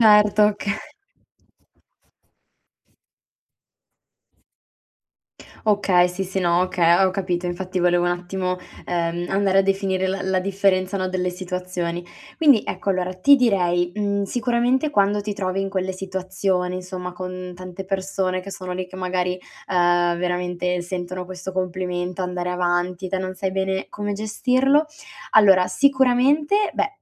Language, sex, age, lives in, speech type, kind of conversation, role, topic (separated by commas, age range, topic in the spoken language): Italian, female, 25-29, Italy, conversation, advice, advisor, Come posso imparare ad accettare i complimenti senza sminuirli?